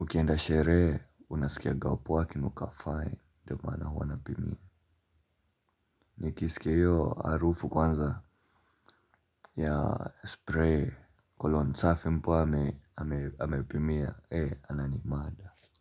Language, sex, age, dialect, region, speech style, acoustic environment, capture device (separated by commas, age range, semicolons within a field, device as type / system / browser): Swahili, male, 18-24, Kenya - Nairobi Sheng-influenced, Kenya, relaxed, appliances; nature; people talking, mobile / Linux / Chrome